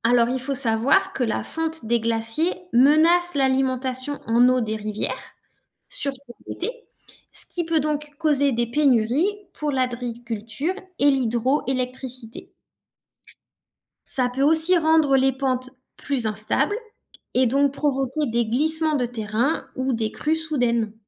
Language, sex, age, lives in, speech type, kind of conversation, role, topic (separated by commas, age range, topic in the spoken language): French, female, 35-39, France, read, expert-assistant, expert, Les paysages formés par les glaciers sont-ils plus spectaculaires que ceux façonnés par les rivières ?